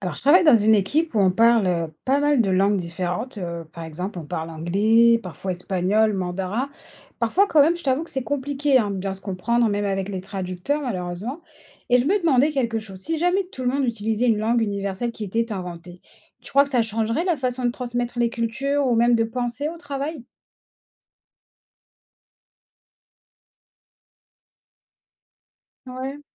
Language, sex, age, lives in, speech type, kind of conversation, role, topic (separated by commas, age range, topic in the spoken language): French, female, 30-34, France, read, expert-assistant, asker, Une langue universelle changerait-elle la dynamique et la créativité dans les équipes multiculturelles ?